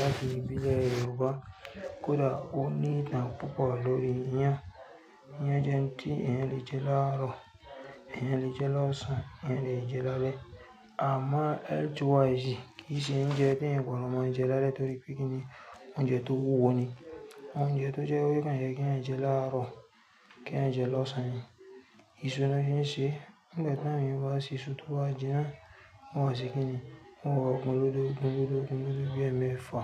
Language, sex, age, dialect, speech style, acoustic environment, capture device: Yoruba, female, 35-44, Nigeria - Lagos Yoruba, focused, people talking; nature, mobile / Linux / Chrome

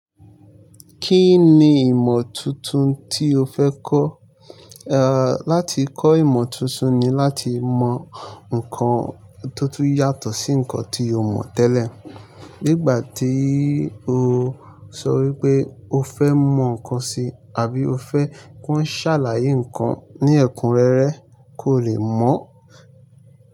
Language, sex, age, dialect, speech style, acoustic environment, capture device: Yoruba, male, 25-34, Nigeria - Lagos Yoruba, nervous; excited; anxious; relaxed, nature, mobile / Linux / Chrome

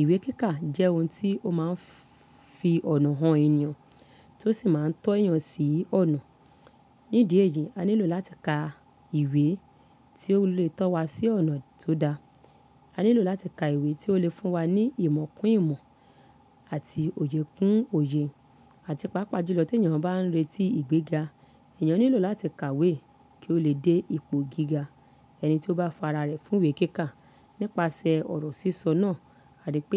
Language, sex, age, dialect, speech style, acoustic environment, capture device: Yoruba, female, 35-44, Nigeria - Lagos Yoruba, happy; excited; focused, silence, mobile / Linux / Chrome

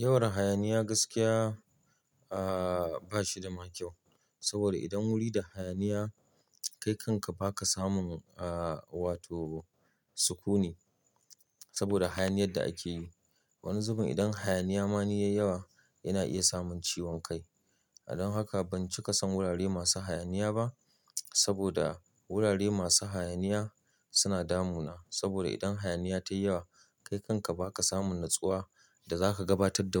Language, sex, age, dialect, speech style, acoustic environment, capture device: Hausa, male, 35-44, Nigeria - Kano, happy; relaxed; focused; excited, people talking; nature; silence; appliances, mobile / Linux / Chrome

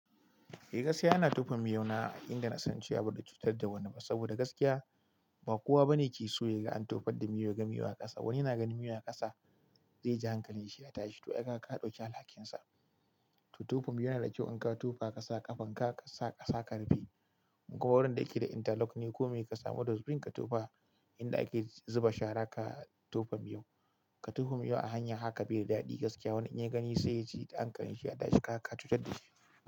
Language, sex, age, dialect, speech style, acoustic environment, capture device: Hausa, male, 25-34, Nigeria - Kano, happy, silence, mobile / Linux / Chrome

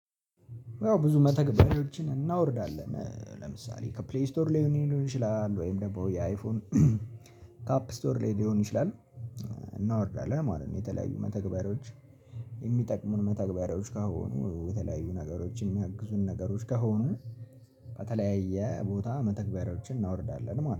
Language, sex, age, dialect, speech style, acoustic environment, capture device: Amharic, male, 18-24, Ethiopia - Addis Ababa, relaxed, people talking, mobile / Linux / Chrome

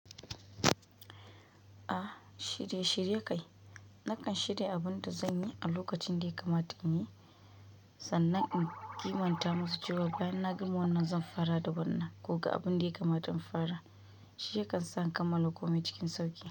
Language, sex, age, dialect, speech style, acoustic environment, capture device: Hausa, female, 25-34, Nigeria - Kano, focused, nature, mobile / Linux / Chrome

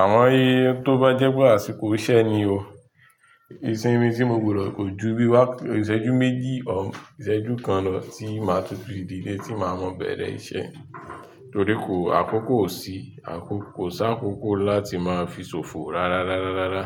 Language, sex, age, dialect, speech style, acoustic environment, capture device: Yoruba, male, 25-34, Nigeria - Nigerian Standard, tired, music; people talking; nature; traffic; construction, mobile / Linux / Chrome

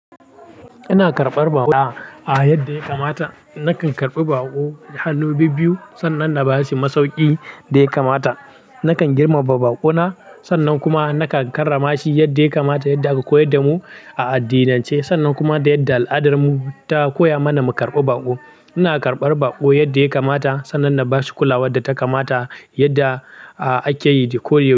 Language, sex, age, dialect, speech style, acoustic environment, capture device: Hausa, male, 18-24, Nigeria - Kano, happy, nature; silence, mobile / Linux / Chrome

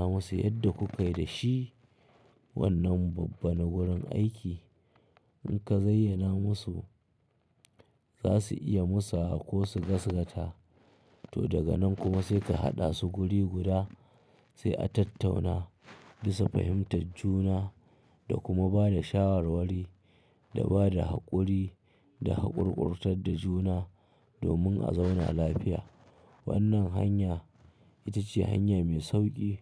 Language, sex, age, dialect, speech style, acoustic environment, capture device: Hausa, male, 25-34, Nigeria - Kano, happy, silence, mobile / Linux / Chrome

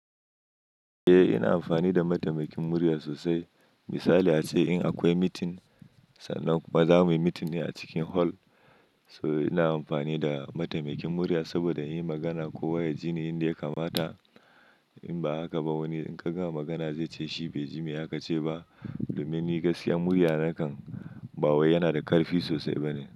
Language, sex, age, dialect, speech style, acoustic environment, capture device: Hausa, male, 25-34, Nigeria - Kano, happy; anxious, nature; silence, mobile / Linux / Chrome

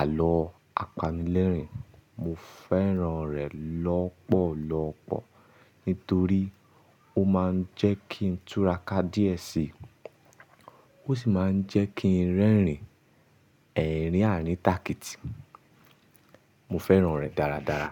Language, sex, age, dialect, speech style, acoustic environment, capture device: Yoruba, male, 25-34, Nigeria - Ibadan, happy, silence, mobile / Linux / Chrome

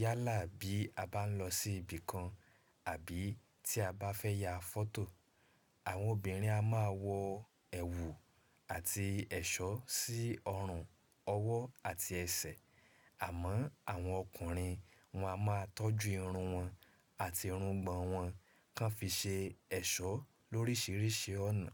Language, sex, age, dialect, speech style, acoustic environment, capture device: Yoruba, male, 25-34, Nigeria - Ibadan, relaxed, silence, mobile / Linux / Chrome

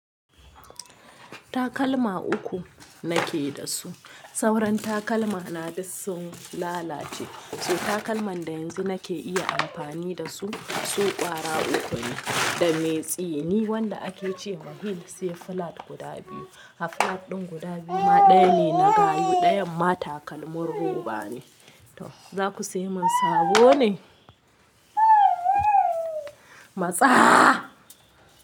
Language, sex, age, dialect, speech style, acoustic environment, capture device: Hausa, female, 25-34, Nigeria - Kano, relaxed, nature; people talking, mobile / Linux / Chrome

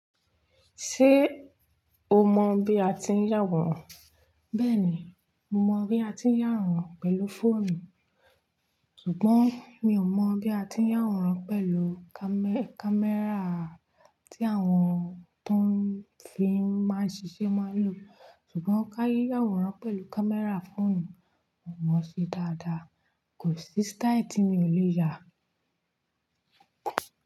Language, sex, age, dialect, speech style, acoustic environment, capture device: Yoruba, female, 25-34, Nigeria - Nigerian Standard, focused, nature, mobile / Linux / Chrome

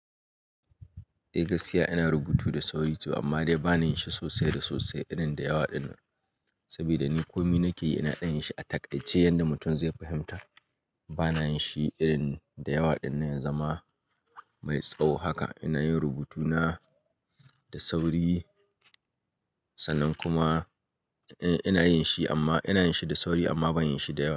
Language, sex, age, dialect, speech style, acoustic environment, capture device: Hausa, male, 25-34, Nigeria - Kano, happy, people talking, mobile / Linux / Chrome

